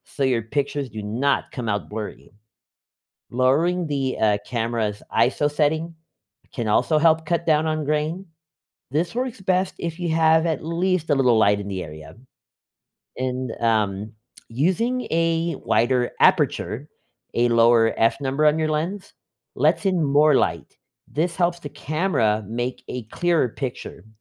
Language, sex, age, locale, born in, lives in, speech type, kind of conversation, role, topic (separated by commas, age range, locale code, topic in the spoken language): English, male, 35-39, en-US, United States, United States, read, expert-assistant, expert, How do camera settings affect the quality of photos in different lighting conditions?